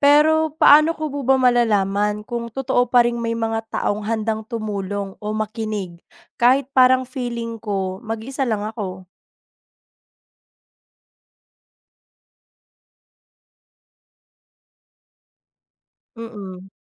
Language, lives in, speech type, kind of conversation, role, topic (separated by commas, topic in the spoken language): Filipino, Philippines, read, expert-assistant, asker, Ano ang epekto ng bullying sa mental health ng kabataan?